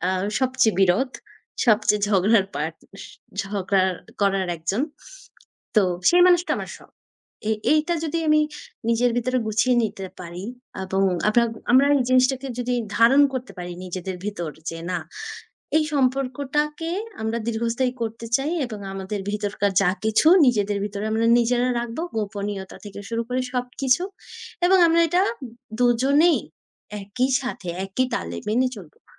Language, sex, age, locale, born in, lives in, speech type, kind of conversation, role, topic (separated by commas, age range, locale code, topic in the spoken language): Bengali, female, 20-24, bn-BD, Bangladesh, Bangladesh, conversation, unstructured, none, কীভাবে আপনি সম্পর্কের মধ্যে বিশ্বাস গড়ে তোলেন?